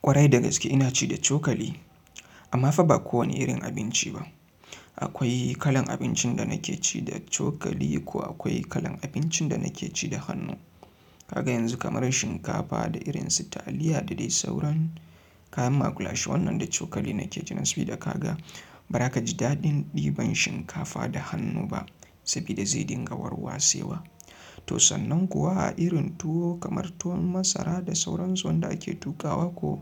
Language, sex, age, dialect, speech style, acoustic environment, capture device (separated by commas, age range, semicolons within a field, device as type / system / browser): Hausa, male, 25-34, Nigeria - Kano, happy; relaxed; focused; excited, silence, mobile / Linux / Chrome